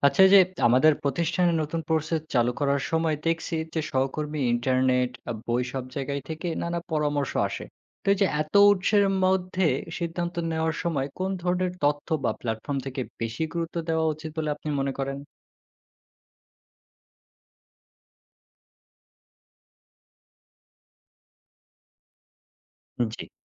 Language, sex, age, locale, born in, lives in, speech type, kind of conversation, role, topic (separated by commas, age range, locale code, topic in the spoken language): Bengali, male, 20-24, bn-BD, Bangladesh, Bangladesh, read, expert-assistant, asker, বিজনেস অপারেশন্স সম্পর্কে সবচেয়ে নির্ভরযোগ্য তথ্য ও পরামর্শ কোথা থেকে পাওয়া যায়? অনলাইন প্ল্যাটফর্ম, বই, না কি বিশেষজ্ঞদের থেকে – কোন উৎসগুলো সবচেয়ে কার্যকর?